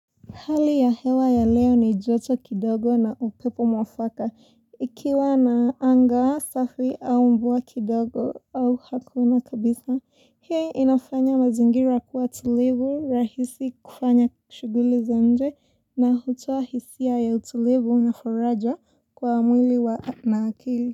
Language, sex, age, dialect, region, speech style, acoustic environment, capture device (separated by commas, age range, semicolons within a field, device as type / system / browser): Swahili, female, 18-24, Kenya - Mombasa, Kenya, relaxed, appliances; silence, mobile / Linux / Chrome